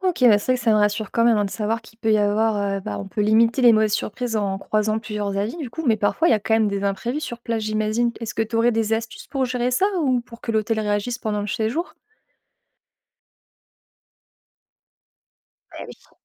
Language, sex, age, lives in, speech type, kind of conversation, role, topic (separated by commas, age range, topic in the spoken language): French, female, 25-29, France, read, expert-assistant, asker, Pourquoi certaines vacances à l’hôtel se passent-elles moins bien que prévu, et comment repérer les causes possibles ?